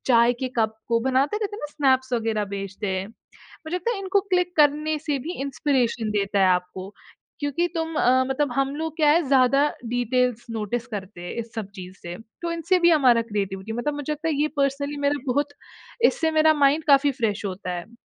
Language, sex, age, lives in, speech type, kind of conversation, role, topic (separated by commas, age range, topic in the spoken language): Hindi, female, 20-24, India, conversation, podcast, guest, Apni creative routine ko simple tareeke se batao — roz tum kya karte ho?